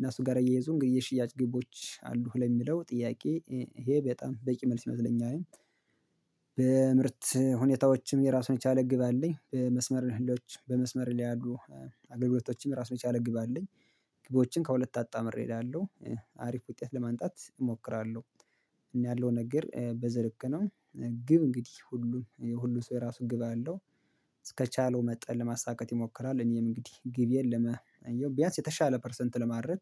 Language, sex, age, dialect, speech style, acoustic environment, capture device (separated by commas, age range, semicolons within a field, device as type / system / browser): Amharic, male, 25-34, Ethiopia - Gondar, happy; focused; excited, silence, mobile / Linux / Chrome